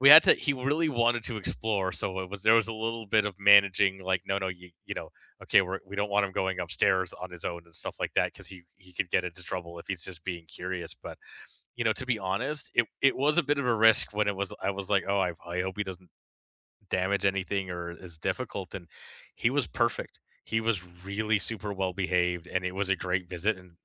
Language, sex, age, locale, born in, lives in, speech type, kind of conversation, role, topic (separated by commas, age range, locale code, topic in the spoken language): English, male, 40-44, en-CA, Canada, Canada, conversation, unstructured, none, What’s a small risk you’ve taken recently, and what did you learn from it?